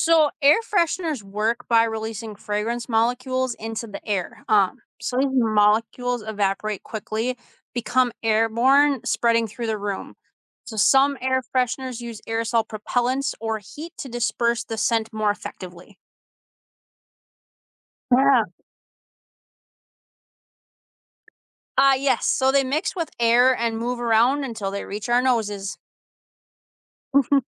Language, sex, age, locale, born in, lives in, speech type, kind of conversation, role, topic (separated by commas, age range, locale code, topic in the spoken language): English, female, 35-39, en-US, United States, United States, read, expert-assistant, expert, How do air fresheners spread scent?